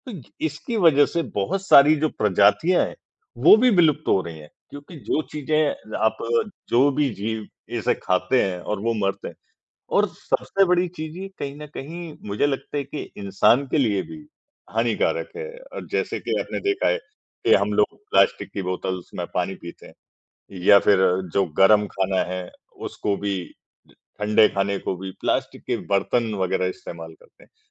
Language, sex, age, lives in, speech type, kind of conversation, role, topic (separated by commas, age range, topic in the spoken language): Hindi, male, 55-59, India, conversation, unstructured, none, क्या आप मानते हैं कि प्लास्टिक कचरा हमारी धरती के लिए एक बड़ा खतरा है?